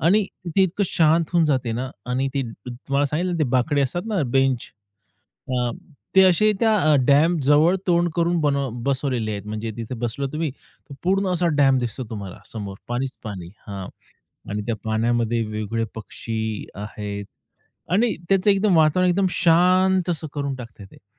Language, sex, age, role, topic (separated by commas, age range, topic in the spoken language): Marathi, male, 30-34, guest, तुमच्या गावातलं कोणतं नैसर्गिक ठिकाण तुम्हाला सर्वात जास्त का भावतं?